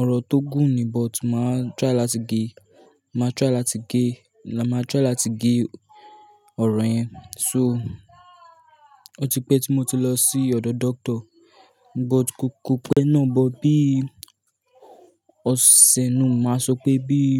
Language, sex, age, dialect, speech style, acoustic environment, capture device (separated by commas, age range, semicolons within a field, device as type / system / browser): Yoruba, male, 18-24, Nigeria - Lagos Yoruba, relaxed, people talking; nature; music; appliances, mobile / Linux / Chrome